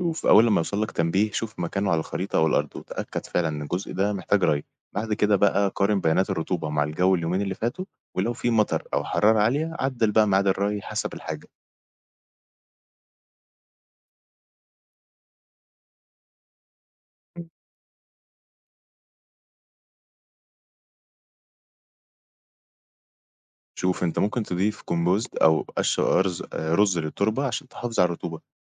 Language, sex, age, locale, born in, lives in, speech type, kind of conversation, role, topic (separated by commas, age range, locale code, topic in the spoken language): Arabic, male, 20-24, ar-EG, Egypt, Egypt, read, expert-assistant, expert, لو عندي مزرعة صغيرة ومش قادر أتابع كل حاجة بنفسي، إزاي أستخدم تكنولوجيا الزراعة الذكية عشان أزود الإنتاجية وأقلل الهدر؟